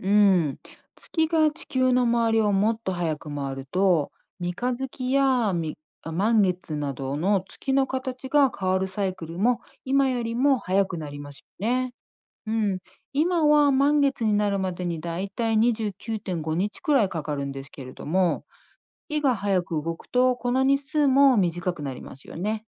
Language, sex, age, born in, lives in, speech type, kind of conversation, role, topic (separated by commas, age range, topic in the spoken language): Japanese, female, 50-54, Japan, United States, read, expert-assistant, expert, どうして月は形を変えるのですか？